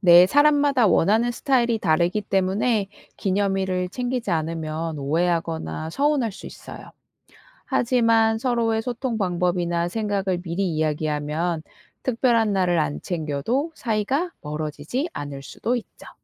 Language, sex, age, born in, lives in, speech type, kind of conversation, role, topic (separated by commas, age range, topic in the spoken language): Korean, female, 35-39, South Korea, South Korea, read, expert-assistant, expert, 왜 우리는 생일이나 기념일을 특별하게 생각할까요?